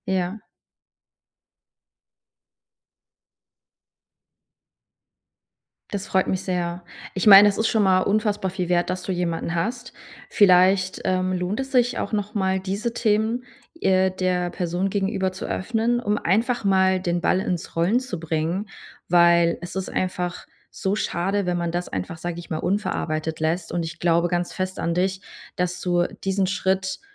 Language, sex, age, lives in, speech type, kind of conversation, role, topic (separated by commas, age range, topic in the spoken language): German, female, 30-34, Germany, conversation, advice, advisor, Wie kann ich in einer neuen Beziehung wieder Vertrauen fassen?